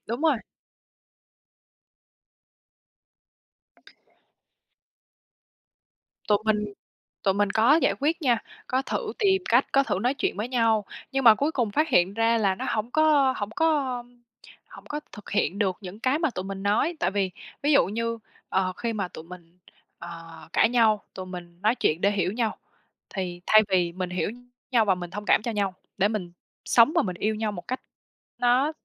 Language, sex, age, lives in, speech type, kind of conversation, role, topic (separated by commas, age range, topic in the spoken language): Vietnamese, female, 25-29, Vietnam, conversation, advice, user, Làm sao để chấm dứt những cuộc cãi vã lặp đi lặp lại và giải quyết vấn đề một cách dứt điểm?